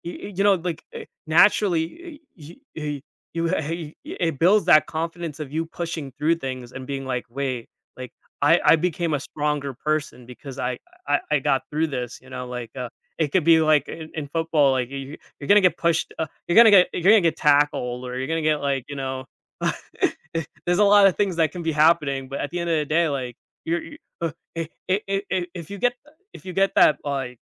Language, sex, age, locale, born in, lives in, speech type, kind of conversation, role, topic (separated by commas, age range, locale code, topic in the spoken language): English, male, 25-29, en-US, United States, United States, conversation, podcast, guest, How can being involved in sports shape different aspects of your everyday life?